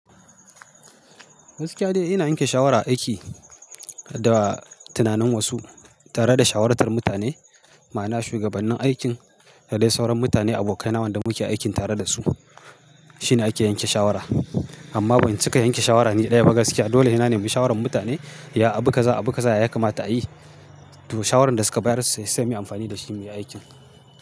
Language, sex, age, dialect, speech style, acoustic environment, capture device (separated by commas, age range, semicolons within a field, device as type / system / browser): Hausa, male, 35-44, Nigeria - Kano, happy; focused, silence, mobile / Linux / Chrome